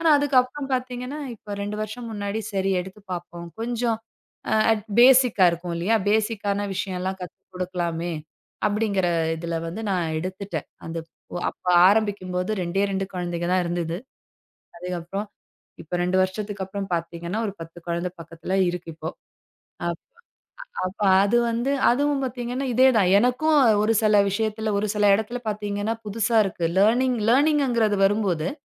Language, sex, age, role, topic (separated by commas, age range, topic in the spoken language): Tamil, female, 35-39, guest, தினசரி சிறு பழக்கங்கள் வளர்ச்சிக்கு எவ்வாறு உதவுகின்றன?